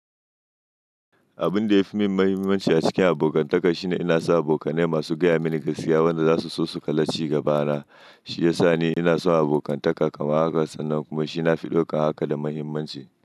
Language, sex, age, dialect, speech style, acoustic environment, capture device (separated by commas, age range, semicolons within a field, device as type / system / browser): Hausa, male, 25-34, Nigeria - Nigerian Standard, happy, silence; nature, mobile / Linux / Chrome